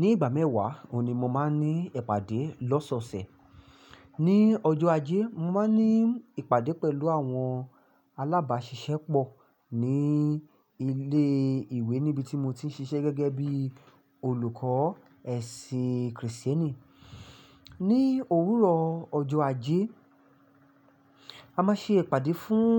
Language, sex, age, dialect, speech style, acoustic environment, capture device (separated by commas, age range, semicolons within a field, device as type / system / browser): Yoruba, male, 25-34, Nigeria - Ibadan, focused, silence, mobile / Linux / Chrome